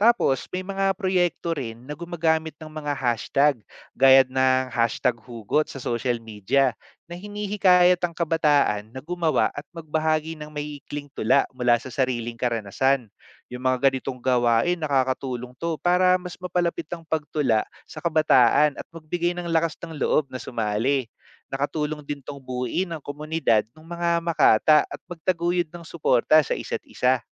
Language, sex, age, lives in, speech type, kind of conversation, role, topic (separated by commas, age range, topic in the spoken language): Filipino, male, 30-34, Philippines, read, expert-assistant, expert, Paano mapapalaganap at mapapansin ng kabataan ang pagtula sa social media?